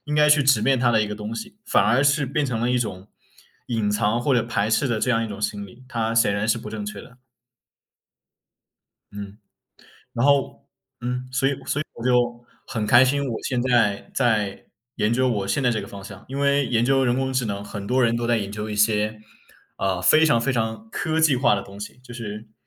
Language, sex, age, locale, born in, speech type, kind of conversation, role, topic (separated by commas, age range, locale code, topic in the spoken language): Chinese, male, 20-24, zh-CN, China, conversation, unstructured, none, 你最近有没有遇到什么让你特别开心或难忘的事情？